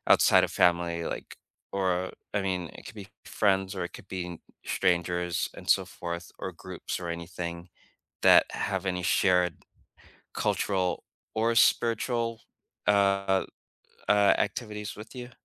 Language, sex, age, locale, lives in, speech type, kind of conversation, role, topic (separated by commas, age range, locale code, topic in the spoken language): English, male, 45-49, en-US, United States, conversation, unstructured, none, What everyday rituals, choices, or moments help you keep your cultural or spiritual traditions alive, and what do they mean to you?